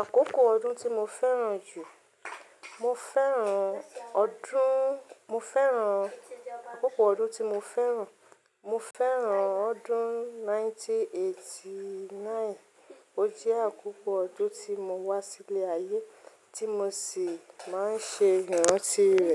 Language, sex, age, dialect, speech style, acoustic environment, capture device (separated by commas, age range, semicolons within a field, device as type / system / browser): Yoruba, female, 35-44, Nigeria - Ibadan, relaxed; focused, silence; nature, mobile / Linux / Chrome